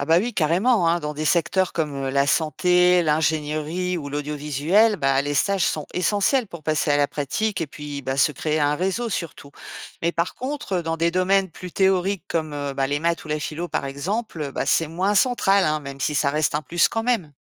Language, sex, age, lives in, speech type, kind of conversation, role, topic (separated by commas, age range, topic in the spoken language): French, female, 50-54, France, read, expert-assistant, expert, Les stages et l’engagement associatif aident-ils vraiment à trouver un emploi ?